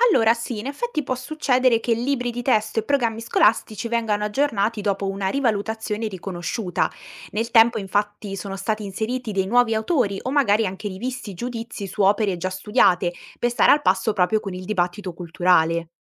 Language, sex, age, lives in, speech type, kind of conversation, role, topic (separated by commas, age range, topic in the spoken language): Italian, female, 18-19, Italy, read, expert-assistant, expert, Come si riconoscono le influenze tra autori nella letteratura italiana?